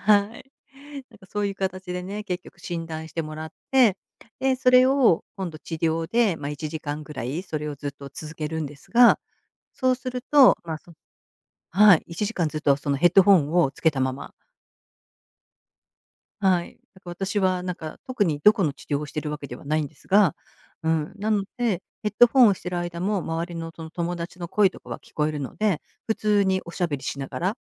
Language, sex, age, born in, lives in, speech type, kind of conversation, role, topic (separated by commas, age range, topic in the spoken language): Japanese, female, 55-59, Japan, United States, conversation, podcast, guest, 最近、体の声をどのように聞いていますか？